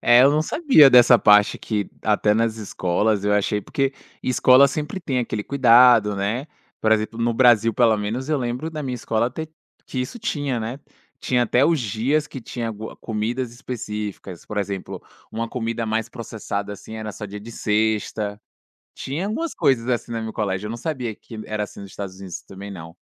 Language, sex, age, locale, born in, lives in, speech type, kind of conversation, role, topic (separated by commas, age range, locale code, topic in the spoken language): Portuguese, male, 25-29, pt-BR, Brazil, France, conversation, podcast, host, Como a migração mudou a comida que vocês comem em casa?